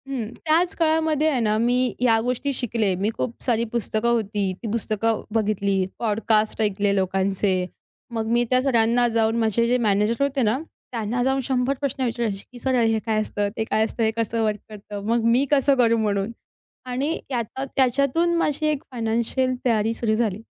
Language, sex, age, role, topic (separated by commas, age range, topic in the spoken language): Marathi, female, 20-24, guest, तुम्ही आर्थिक तयारी कशी केली?